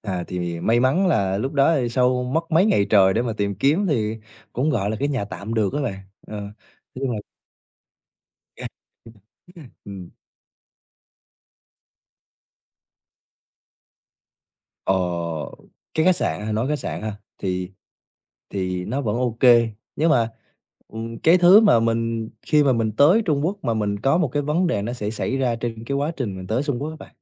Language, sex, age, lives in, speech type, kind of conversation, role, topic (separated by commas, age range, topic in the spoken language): Vietnamese, male, 20-24, Vietnam, conversation, podcast, guest, Bạn có thể kể về chuyến đi một mình khiến bạn nhớ nhất không?